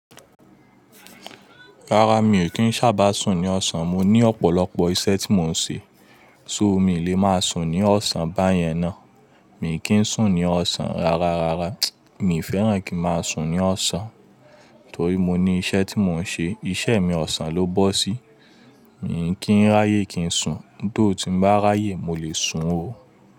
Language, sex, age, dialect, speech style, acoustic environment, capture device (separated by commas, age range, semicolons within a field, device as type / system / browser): Yoruba, male, 25-34, Nigeria - Lagos Yoruba, happy, people talking, mobile / Linux / Chrome